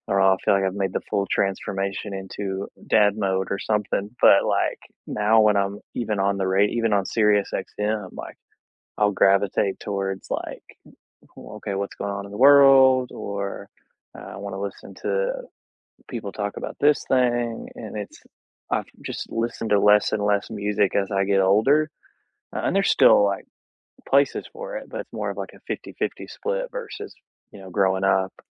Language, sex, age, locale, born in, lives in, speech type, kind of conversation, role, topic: English, male, 30-34, en-US, United States, United States, conversation, unstructured, none, Which songs or podcasts have lifted your mood lately, and why do they work for you?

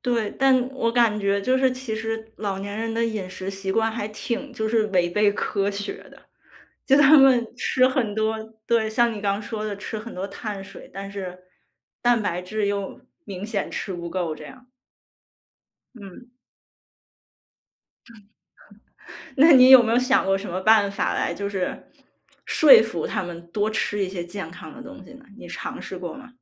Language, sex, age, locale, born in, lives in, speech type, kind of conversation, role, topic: Chinese, female, 30-34, zh-CN, China, United States, conversation, podcast, host, 节庆期间你会如何照顾长辈的饮食？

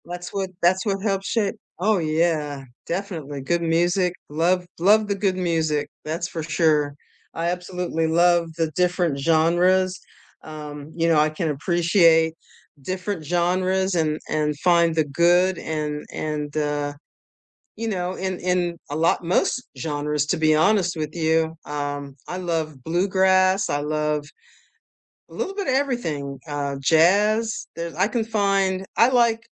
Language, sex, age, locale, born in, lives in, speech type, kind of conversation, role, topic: English, female, 65-69, en-US, United States, United States, conversation, unstructured, none, What has been the highlight of your day so far, and why did it matter to you?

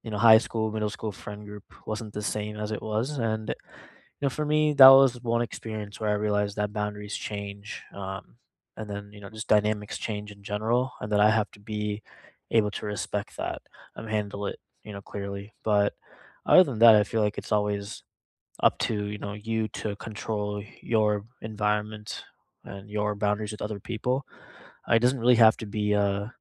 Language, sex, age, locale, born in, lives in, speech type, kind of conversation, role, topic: English, male, 25-29, en-US, India, United States, conversation, unstructured, none, How do you set boundaries kindly and clearly?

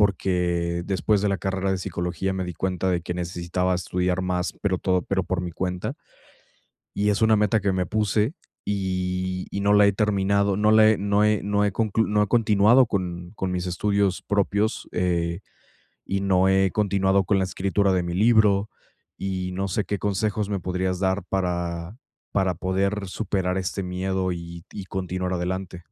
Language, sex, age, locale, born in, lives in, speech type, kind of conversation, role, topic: Spanish, male, 20-24, es-MX, Mexico, Mexico, conversation, advice, user, ¿Cómo puedo superar la procrastinación causada por el miedo al fracaso?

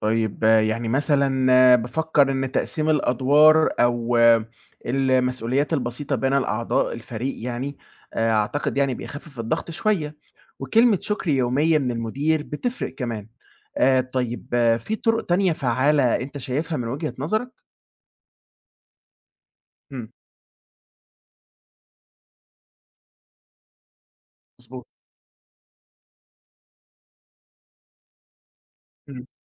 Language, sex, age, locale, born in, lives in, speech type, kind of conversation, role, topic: Arabic, male, 20-24, ar-EG, Egypt, Egypt, read, expert-assistant, asker, إزاي الممرضين يقدروا يتعاملوا مع نقص الإمكانيات أو الأدوات في الشغل؟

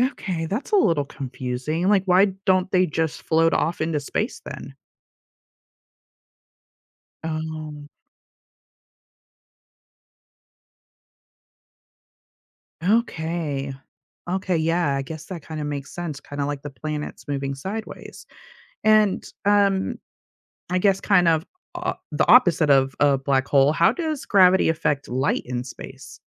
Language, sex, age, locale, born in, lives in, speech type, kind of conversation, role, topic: English, female, 35-39, en-US, United States, United States, read, expert-assistant, asker, How does gravity affect objects in space?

